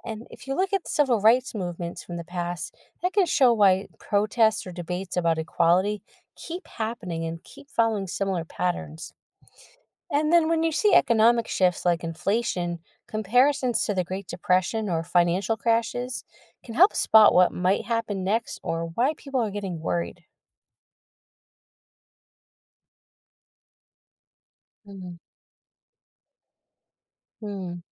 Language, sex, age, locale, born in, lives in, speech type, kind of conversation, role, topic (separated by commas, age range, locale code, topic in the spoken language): English, female, 40-44, en-US, United States, United States, read, expert-assistant, expert, How does studying history clarify current events?